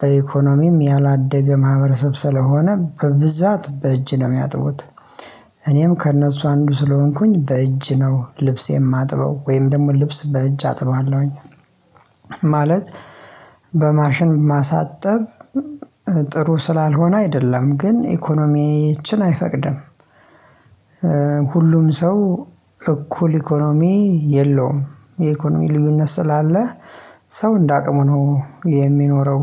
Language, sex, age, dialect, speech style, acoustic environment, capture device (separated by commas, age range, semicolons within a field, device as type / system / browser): Amharic, male, 25-34, Ethiopia - Addis Ababa, relaxed, silence, mobile / Linux / Chrome